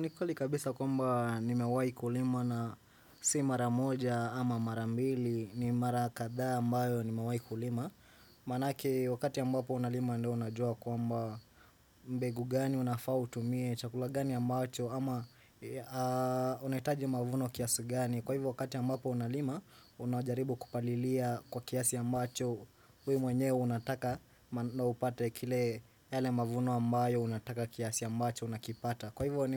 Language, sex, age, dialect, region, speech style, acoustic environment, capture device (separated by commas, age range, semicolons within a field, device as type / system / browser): Swahili, male, 18-24, Kenya - Nairobi Sheng-influenced, Kenya, focused, silence, desktop / Windows / Chrome